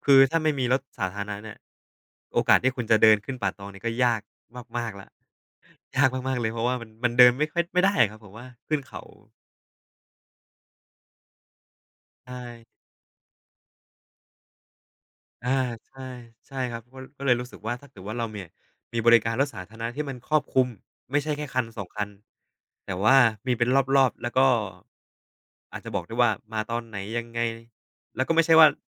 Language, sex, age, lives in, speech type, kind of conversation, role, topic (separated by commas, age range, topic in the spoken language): Thai, male, 25-29, Thailand, conversation, unstructured, none, การคอร์รัปชันในรัฐบาลส่งผลกระทบต่อชีวิตประจำวันของเราอย่างไร?